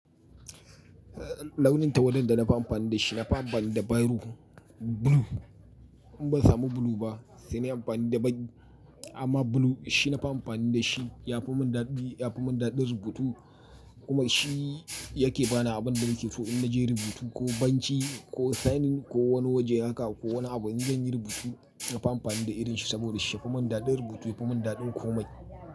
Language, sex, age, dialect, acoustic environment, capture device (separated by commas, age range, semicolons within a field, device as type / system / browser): Hausa, male, 35-44, Nigeria - Kano, silence; people talking; traffic, mobile / Linux / Chrome